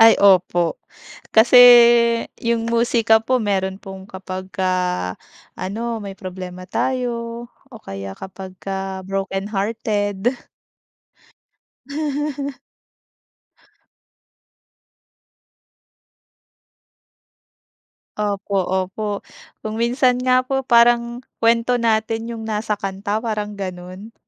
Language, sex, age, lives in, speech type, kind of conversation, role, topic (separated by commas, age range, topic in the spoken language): Filipino, female, 30-34, Philippines, conversation, unstructured, none, Paano mo pinapawi ang pagod pagkatapos ng mahabang araw?